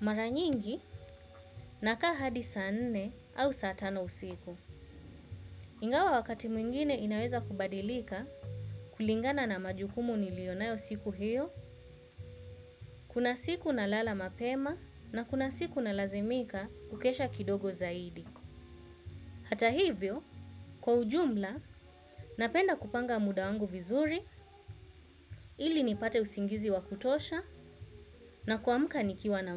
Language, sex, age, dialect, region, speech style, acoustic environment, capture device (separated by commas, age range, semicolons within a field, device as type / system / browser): Swahili, female, 25-34, Kenya - Mombasa, Kenya, relaxed; focused, silence, desktop / Windows / Chrome